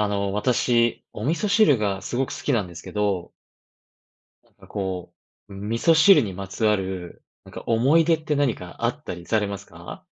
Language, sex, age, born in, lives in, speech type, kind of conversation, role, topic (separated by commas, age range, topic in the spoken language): Japanese, male, 35-39, Japan, Japan, conversation, podcast, host, 味噌汁にまつわる思い出は何ですか？